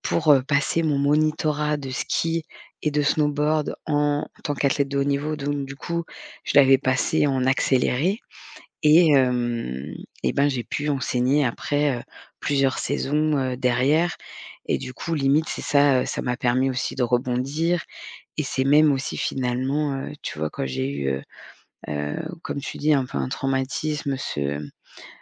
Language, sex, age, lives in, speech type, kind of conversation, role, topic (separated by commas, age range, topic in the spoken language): French, female, 40-44, France, conversation, podcast, guest, Comment fais-tu pour rebondir après un échec ?